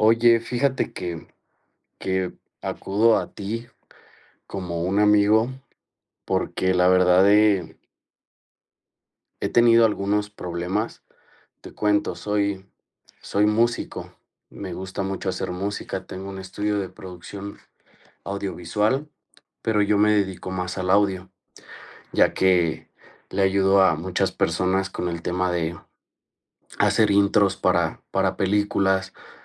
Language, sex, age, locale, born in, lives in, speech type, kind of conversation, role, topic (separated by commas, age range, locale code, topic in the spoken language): Spanish, male, 30-34, es-MX, Mexico, Mexico, conversation, advice, user, ¿Cómo puedo mejorar mi autoestima y sentirme bien conmigo mismo?